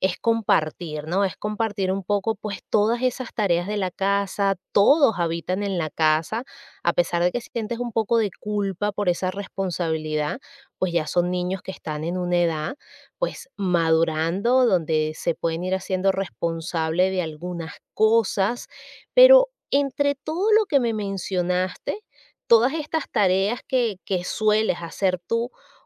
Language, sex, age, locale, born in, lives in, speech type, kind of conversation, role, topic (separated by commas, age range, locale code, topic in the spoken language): Spanish, female, 40-44, es-VE, Venezuela, United States, conversation, advice, advisor, ¿Cómo te sientes al delegar responsabilidades en casa?